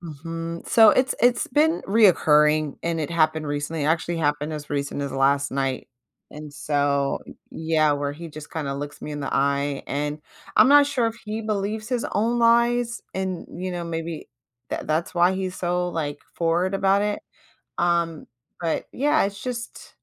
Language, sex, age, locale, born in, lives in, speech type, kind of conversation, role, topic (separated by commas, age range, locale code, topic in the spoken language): English, female, 35-39, en-US, United States, United States, conversation, advice, user, How can I set boundaries with my partner?